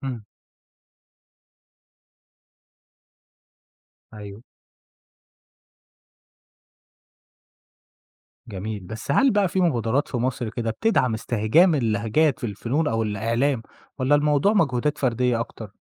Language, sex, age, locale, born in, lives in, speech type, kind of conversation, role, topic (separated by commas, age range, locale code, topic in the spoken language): Arabic, male, 25-29, ar-EG, Egypt, Egypt, read, expert-assistant, asker, لو عايز أعرف أكتر عن العلاقة بين اللغة والهوية في المجتمعات المصرية، أبدأ منين وأدور على معلومات فين؟